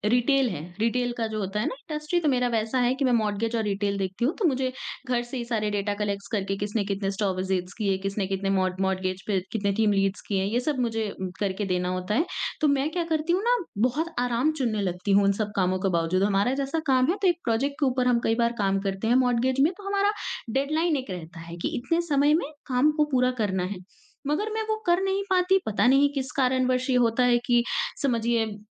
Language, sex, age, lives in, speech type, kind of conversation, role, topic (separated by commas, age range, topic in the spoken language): Hindi, female, 20-24, India, conversation, advice, user, मैं काम और विश्राम के बीच साफ़ सीमा कैसे बना सकता/सकती हूँ ताकि रचनात्मक बना/बनी रहूँ?